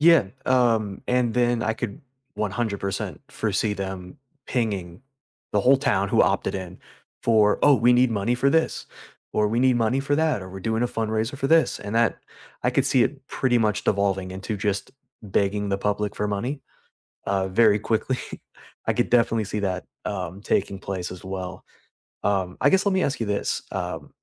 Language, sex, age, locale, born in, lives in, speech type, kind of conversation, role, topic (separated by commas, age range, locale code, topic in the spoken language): English, male, 30-34, en-US, United States, United States, conversation, unstructured, none, How do you keep up with what’s happening in your local government, and how does it affect your everyday community life?